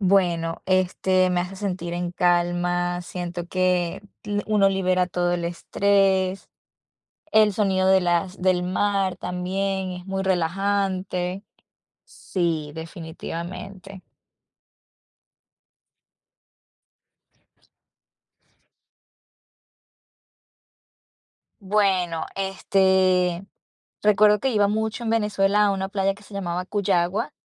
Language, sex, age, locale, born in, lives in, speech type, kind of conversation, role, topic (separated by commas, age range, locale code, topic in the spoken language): Spanish, female, 30-34, es-VE, Venezuela, United States, conversation, unstructured, none, ¿En qué lugar de la naturaleza te sientes más feliz?